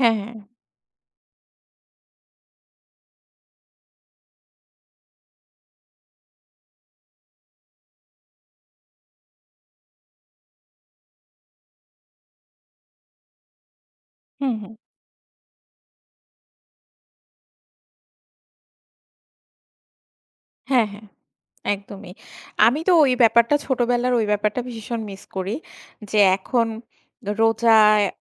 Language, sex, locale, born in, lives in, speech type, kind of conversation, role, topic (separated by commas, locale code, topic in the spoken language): Bengali, female, bn-BD, Bangladesh, Bangladesh, conversation, unstructured, none, আপনি কী মনে করেন, সমাজে একতা বজায় রাখা কেন জরুরি?